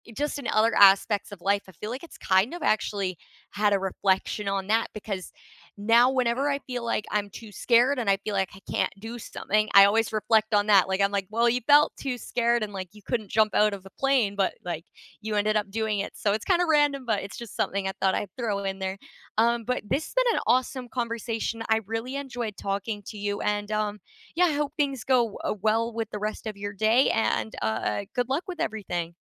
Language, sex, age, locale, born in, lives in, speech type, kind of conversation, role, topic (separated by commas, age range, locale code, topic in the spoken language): English, female, 20-24, en-CA, Canada, Canada, conversation, unstructured, none, When have you stepped outside your comfort zone, and what did it teach you about yourself?